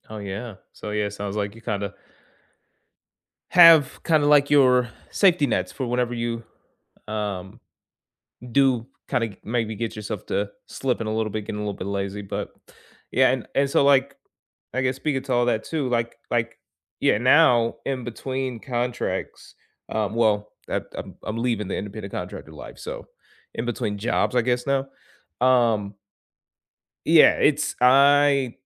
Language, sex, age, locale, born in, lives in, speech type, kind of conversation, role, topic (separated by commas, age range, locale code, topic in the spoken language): English, male, 25-29, en-US, United States, United States, conversation, unstructured, none, How do you balance rest, nutrition, and exercise in your day-to-day life?